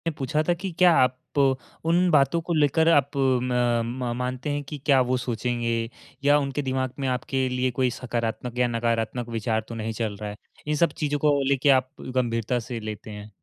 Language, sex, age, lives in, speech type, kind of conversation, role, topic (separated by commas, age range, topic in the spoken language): Hindi, male, 18-19, India, conversation, advice, advisor, सार्वजनिक रूप से बोलते समय मुझे अधिक आत्मविश्वास कैसे मिल सकता है?